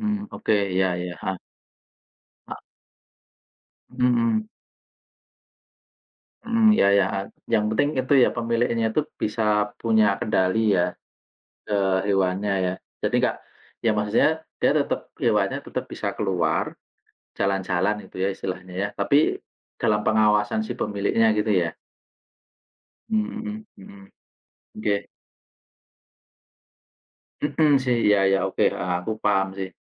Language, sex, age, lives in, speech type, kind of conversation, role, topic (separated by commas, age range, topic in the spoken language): Indonesian, male, 40-44, Indonesia, conversation, unstructured, none, Apakah kamu setuju dengan larangan membiarkan hewan peliharaan berkeliaran bebas?